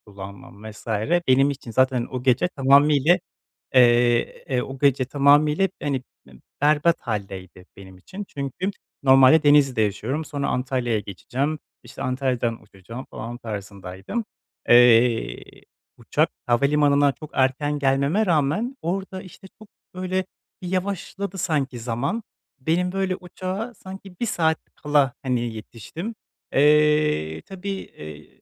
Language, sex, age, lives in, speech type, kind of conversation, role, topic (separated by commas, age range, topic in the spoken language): Turkish, male, 25-29, Poland, conversation, advice, user, Seyahat ve keşif sırasında stresle nasıl başa çıkabilirim?